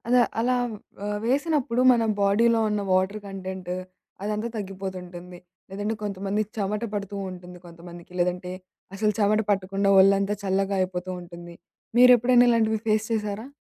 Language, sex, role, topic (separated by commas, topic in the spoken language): Telugu, female, host, మీ శరీరం పంపే సంకేతాలను మీరు సాధారణంగా ఎలా గుర్తిస్తారు?